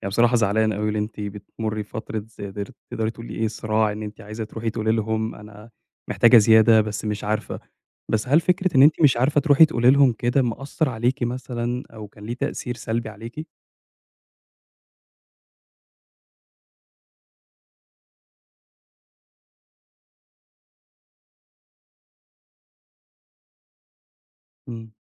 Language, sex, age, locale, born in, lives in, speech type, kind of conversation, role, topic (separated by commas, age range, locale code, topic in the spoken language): Arabic, male, 25-29, ar-EG, Egypt, Egypt, conversation, advice, advisor, إزاي أطلب ترقية أو زيادة في المرتب؟